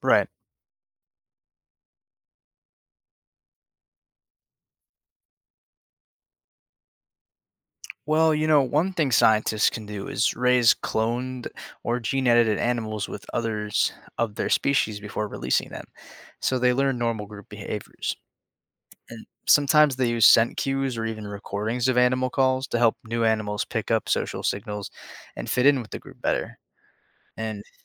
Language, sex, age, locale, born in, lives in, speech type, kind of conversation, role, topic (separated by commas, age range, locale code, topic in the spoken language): English, male, 20-24, en-US, United States, United States, read, expert-assistant, expert, What are the effects of cloning extinct animals?